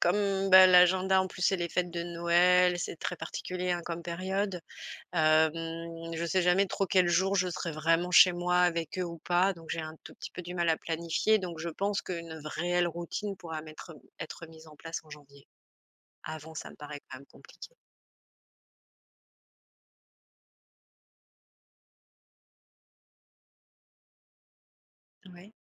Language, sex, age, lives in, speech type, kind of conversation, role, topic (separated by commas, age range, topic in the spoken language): French, female, 45-49, France, conversation, advice, user, Comment retrouver un rythme après avoir perdu mes routines ?